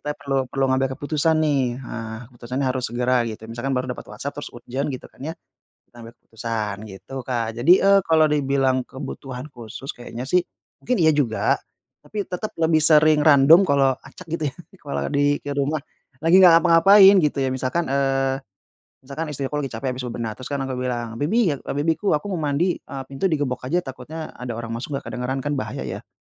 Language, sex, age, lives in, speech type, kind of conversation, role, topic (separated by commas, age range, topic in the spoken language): Indonesian, male, 30-34, Indonesia, conversation, podcast, guest, Apa kebiasaan sederhana yang membuat rumah terasa hangat dan nyaman?